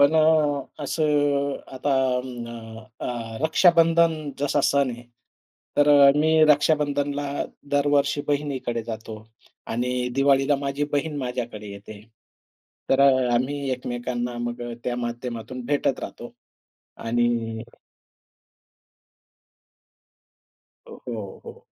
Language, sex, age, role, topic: Marathi, male, 45-49, guest, आठवणी आणि पारंपरिक सणांमुळे प्रेम अधिक मजबूत कसे होते आणि त्याचा अनुभव कसा वाटतो?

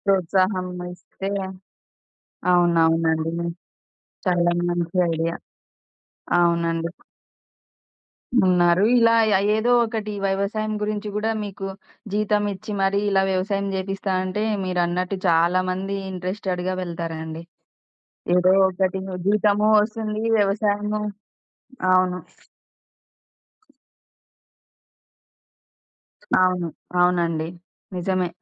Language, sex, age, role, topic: Telugu, female, 30-34, host, ఒక నిర్ణయం మీ జీవితం మార్చిందా?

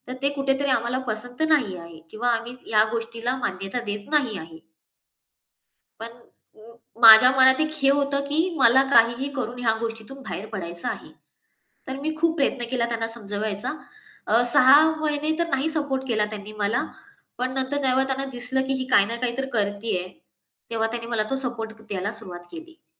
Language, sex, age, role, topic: Marathi, female, 25-29, guest, करिअर बदलण्याचा निर्णय घेतल्यावर पालकांना ते कसे समजावून सांगाल?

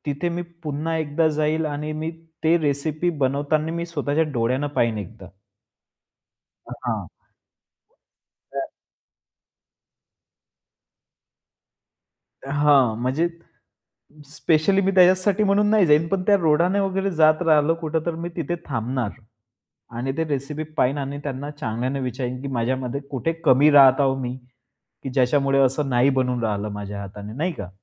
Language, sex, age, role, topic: Marathi, male, 25-29, guest, एखाद्या प्रवासात खाल्लेल्या एखाद्या पदार्थाची आठवण होते का?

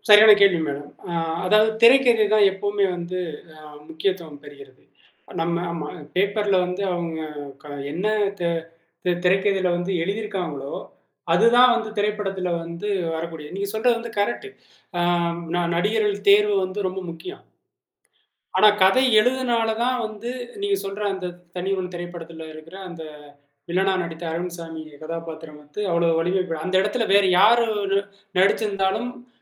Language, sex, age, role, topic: Tamil, male, 35-39, guest, கதையில் நாயகனின் மாற்றப் பயணத்தை இயல்பாகவும் தாக்கத்துடனும் எப்படிச் வடிவமைப்பது?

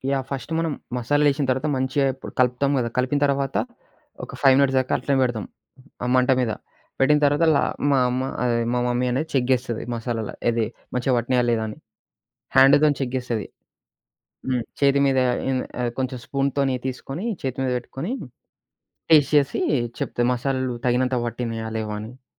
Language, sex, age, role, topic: Telugu, male, 20-24, guest, మీకు చిన్న సంబరాన్ని తెచ్చే వంటకం ఏది?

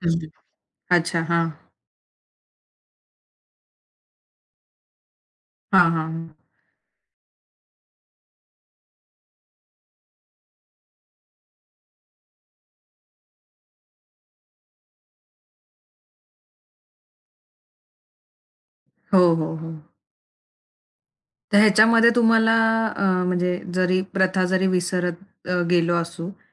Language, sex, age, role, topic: Marathi, female, 25-29, host, आधुनिक जीवनशैलीमुळे कोणत्या प्रथा लोप पावत गेल्या असतील, असे तुम्हाला वाटते?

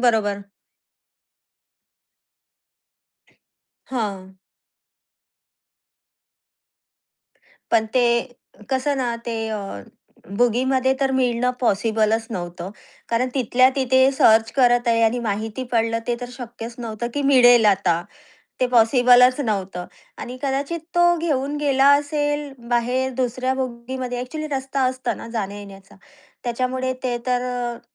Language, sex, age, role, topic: Marathi, female, 30-34, host, तुमचं सामान कधी हरवलं आणि त्यानंतर नेमकं काय घडलं?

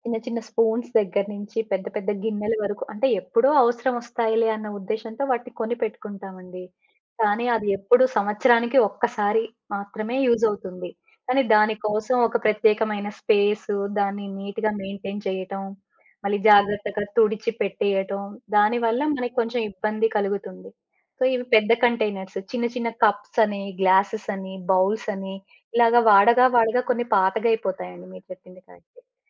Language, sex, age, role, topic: Telugu, female, 40-44, guest, అవసరం లేని వస్తువులను మీరు ఎప్పుడు, ఎలా తొలగిస్తారు?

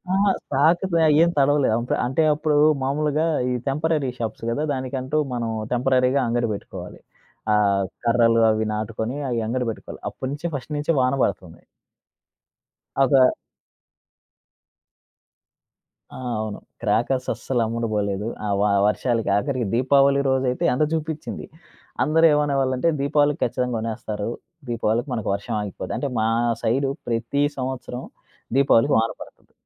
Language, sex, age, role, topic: Telugu, male, 25-29, guest, ఒక పెద్ద విఫలం తర్వాత మీరు మళ్లీ మీ జీవితాన్ని ఎలా పునర్నిర్మించుకుంటారు?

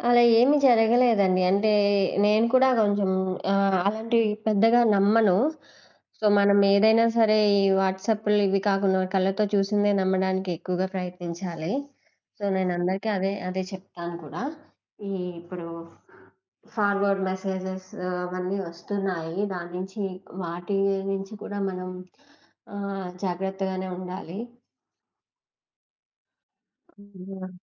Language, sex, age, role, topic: Telugu, female, 20-24, guest, సామాజిక మాధ్యమాలు మన అభిప్రాయాలను ఎలా ప్రభావితం చేసి మార్చుతాయి?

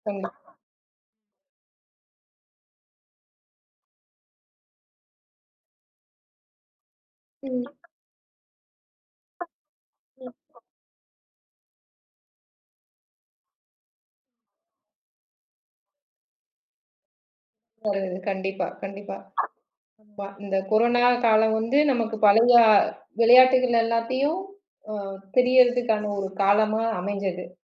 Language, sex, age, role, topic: Tamil, female, 35-39, host, நீங்கள் மீண்டும் உங்கள் பழைய ஆர்வத்தைத் தொடங்க, நேரத்தை எப்படி ஒதுக்குகிறீர்கள்?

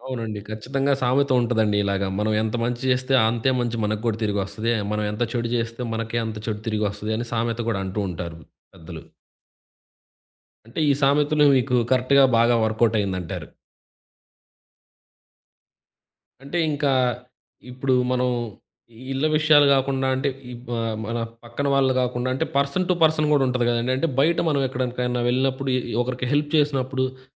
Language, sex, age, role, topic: Telugu, male, 20-24, host, పక్కింటివారితో మీ సంబంధాలను ఎలా మరింత మెరుగుపరచుకుంటారు?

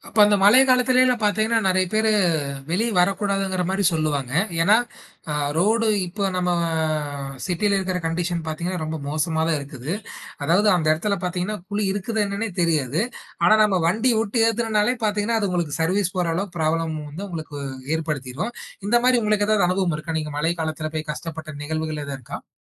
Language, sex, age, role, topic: Tamil, male, 20-24, host, மழை பெய்யும் போது உங்களுக்கு என்னென்ன நினைவுகள் தோன்றுகின்றன?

